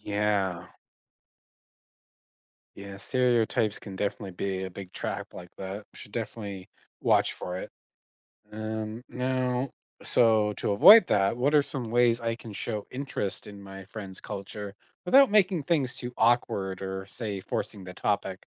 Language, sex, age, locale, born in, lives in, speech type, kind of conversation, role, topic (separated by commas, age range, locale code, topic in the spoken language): English, male, 25-29, en-CA, Canada, United States, read, expert-assistant, asker, How does understanding different cultures make you a better friend?